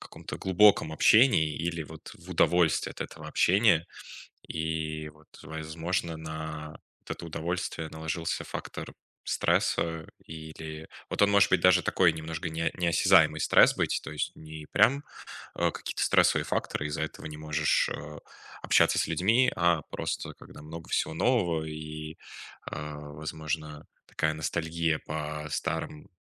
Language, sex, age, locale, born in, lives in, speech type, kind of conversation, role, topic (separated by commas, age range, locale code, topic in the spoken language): Russian, male, 20-24, ru-RU, Russia, Germany, conversation, advice, advisor, Как перестать чувствовать одиночество среди знакомых и вернуть близость в общении?